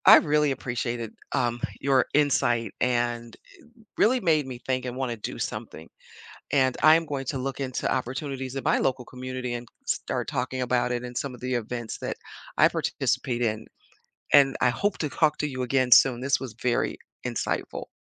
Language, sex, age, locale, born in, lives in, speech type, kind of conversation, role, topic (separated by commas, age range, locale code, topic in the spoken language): English, female, 60-64, en-US, United States, United States, conversation, podcast, host, Why are forests important to the environment?